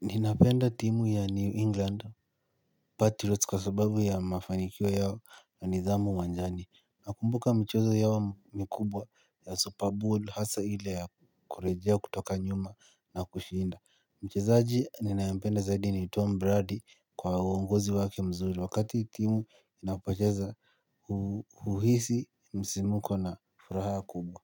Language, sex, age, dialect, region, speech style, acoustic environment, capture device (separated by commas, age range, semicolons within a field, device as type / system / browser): Swahili, male, 18-24, Kenya - Nairobi Sheng-influenced, Kenya, relaxed, silence, mobile / Linux / Chrome